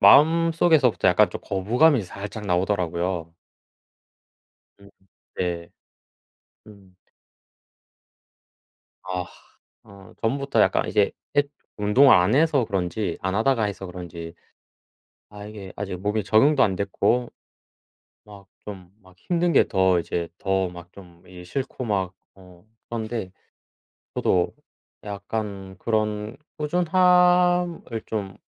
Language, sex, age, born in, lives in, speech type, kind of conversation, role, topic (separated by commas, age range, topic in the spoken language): Korean, male, 25-29, South Korea, South Korea, conversation, unstructured, none, 운동할 때 가장 중요하게 생각하는 점은 무엇인가요?